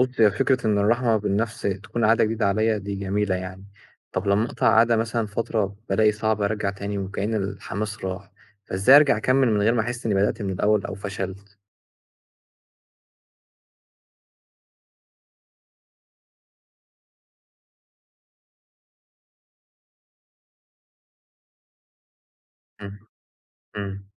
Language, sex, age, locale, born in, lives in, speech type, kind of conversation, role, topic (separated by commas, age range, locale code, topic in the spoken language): Arabic, male, 20-24, ar-EG, Egypt, Egypt, read, expert-assistant, asker, إزاي أتعلم أبني عادات يومية بسيطة تحافظ على صحتي النفسية حتى وسط الزحمة والضغوط؟